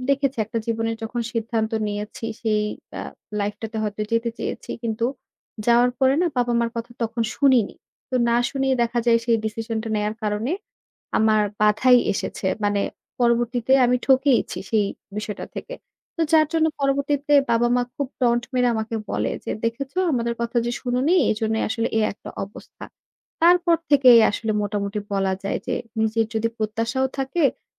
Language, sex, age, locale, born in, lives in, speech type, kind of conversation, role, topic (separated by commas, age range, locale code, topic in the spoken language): Bengali, female, 25-29, bn-BD, Bangladesh, Bangladesh, conversation, advice, user, কেন আপনি সব সময় সবার খুশি রাখতে নিজের মতামত চাপা দেন?